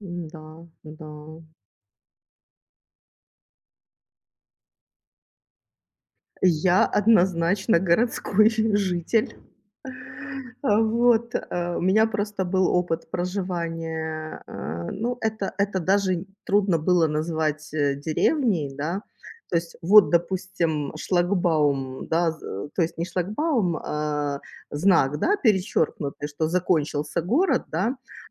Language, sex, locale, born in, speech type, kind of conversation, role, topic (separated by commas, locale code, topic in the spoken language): Russian, female, ru-RU, Ukraine, conversation, unstructured, none, Какой образ жизни больше способствует творческому развитию: городской или сельский?